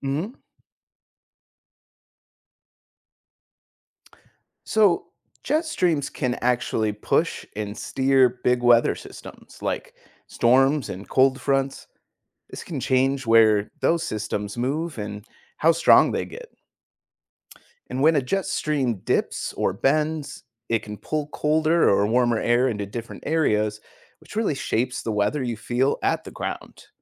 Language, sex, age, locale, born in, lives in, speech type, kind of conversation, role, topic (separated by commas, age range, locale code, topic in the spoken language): English, male, 30-34, en-US, United States, United States, read, expert-assistant, expert, What causes wind to blow?